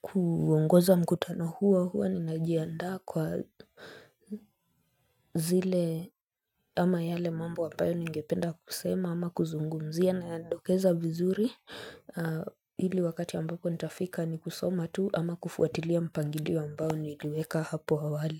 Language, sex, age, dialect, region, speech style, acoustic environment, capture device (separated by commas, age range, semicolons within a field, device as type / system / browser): Swahili, female, 25-34, Kenya - Nairobi Sheng-influenced, Kenya, focused, silence, mobile / Linux / Chrome